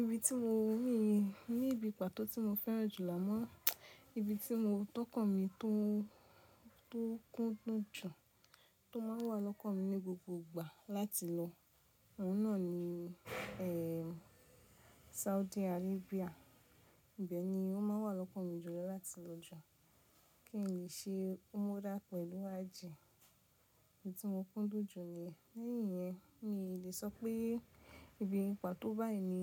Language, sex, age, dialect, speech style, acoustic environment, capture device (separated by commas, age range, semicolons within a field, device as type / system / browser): Yoruba, female, 25-34, Nigeria - Nigerian Standard, relaxed, nature, mobile / Linux / Chrome